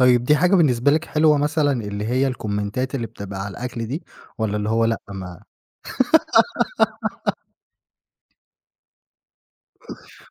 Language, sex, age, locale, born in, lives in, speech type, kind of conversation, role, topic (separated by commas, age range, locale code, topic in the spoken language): Arabic, male, 25-29, ar-EG, Egypt, Egypt, conversation, podcast, host, بتقدّم إيه لضيفك لما تحبّ تبهره؟